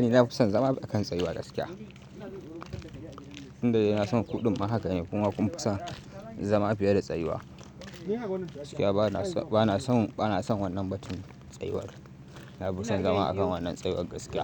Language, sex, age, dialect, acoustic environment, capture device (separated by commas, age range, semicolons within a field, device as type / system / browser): Hausa, male, 18-24, Nigeria - Kano, nature, mobile / Linux / Chrome